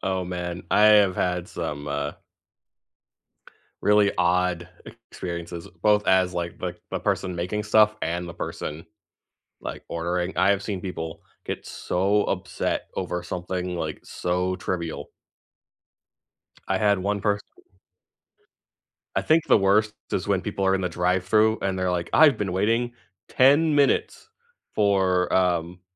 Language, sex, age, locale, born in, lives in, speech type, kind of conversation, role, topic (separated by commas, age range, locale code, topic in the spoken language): English, male, 25-29, en-US, United States, United States, conversation, unstructured, none, What is your go-to comfort food, who taught you to make it, and what memories does it bring back?